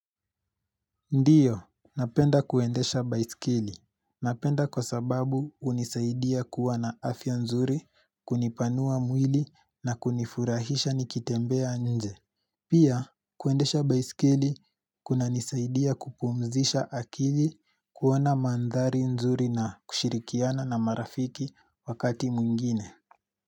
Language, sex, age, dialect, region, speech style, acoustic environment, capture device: Swahili, male, 18-24, Kenya - Nairobi Sheng-influenced, Kenya, relaxed, silence, mobile / Linux / Chrome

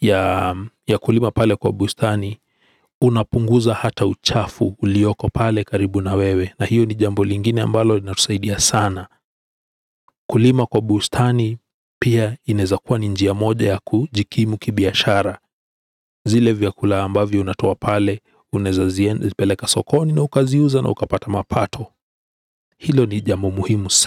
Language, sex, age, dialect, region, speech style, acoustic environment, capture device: Swahili, male, 35-44, Kenya - Nairobi Sheng-influenced, Kenya, relaxed, silence; appliances; people talking, desktop / Windows / Edge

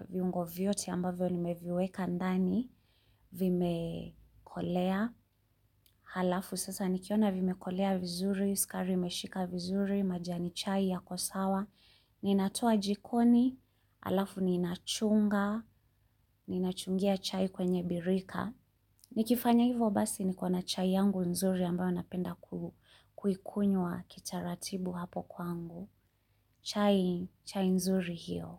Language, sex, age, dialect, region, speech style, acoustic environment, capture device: Swahili, female, 35-44, Kenya - Nairobi Sheng-influenced, Kenya, relaxed, silence, mobile / Linux / Chrome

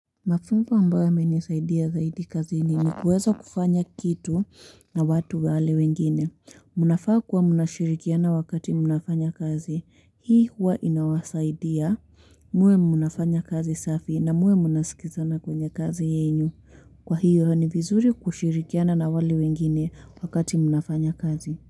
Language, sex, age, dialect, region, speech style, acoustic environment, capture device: Swahili, female, 18-24, Kenya - Nairobi Sheng-influenced, Kenya, happy; relaxed; focused, people talking; nature; construction, mobile / Linux / Chrome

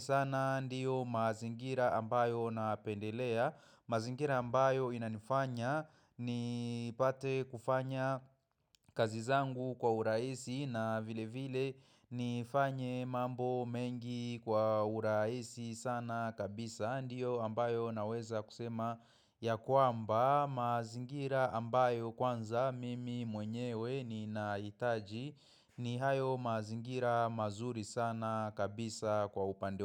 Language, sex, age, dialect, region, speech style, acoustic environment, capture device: Swahili, male, 25-34, Kenya - Nairobi Sheng-influenced, Kenya, focused, silence, mobile / macOS / Safari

